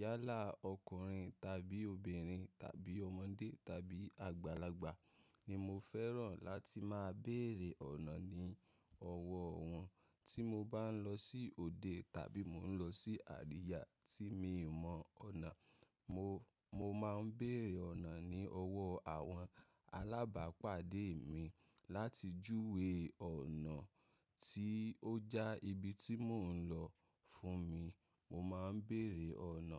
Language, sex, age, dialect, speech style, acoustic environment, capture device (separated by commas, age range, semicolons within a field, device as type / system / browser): Yoruba, male, 25-34, Nigeria - Ibadan, happy; excited, nature; silence, mobile / macOS / Safari